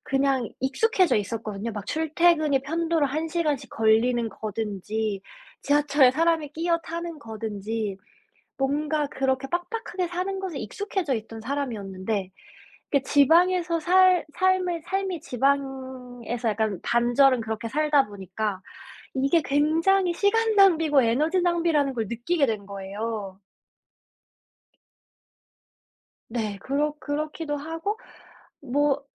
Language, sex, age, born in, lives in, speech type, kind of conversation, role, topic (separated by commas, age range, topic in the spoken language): Korean, female, 25-29, South Korea, United States, conversation, unstructured, none, 평생 한 도시에서만 사는 것과 여러 도시를 옮겨 다니며 사는 것 중 어떤 삶을 선택하시겠습니까?